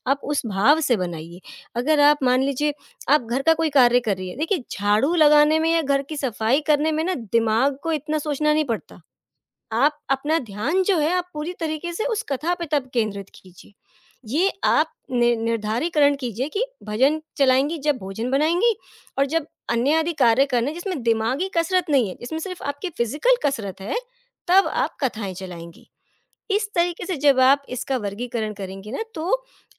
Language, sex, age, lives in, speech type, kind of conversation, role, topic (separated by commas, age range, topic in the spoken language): Hindi, female, 35-39, India, conversation, advice, advisor, धर्म या आध्यात्मिकता में मुझे जुड़ाव क्यों नहीं महसूस होता है?